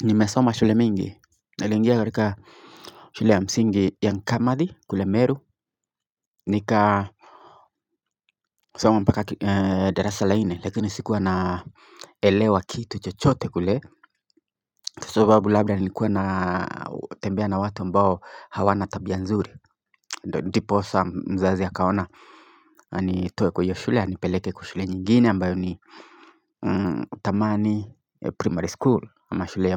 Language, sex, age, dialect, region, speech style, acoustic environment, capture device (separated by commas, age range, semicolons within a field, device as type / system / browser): Swahili, male, 25-34, Kenya - Nairobi Sheng-influenced, Kenya, focused; happy; relaxed, nature, mobile / Linux / Chrome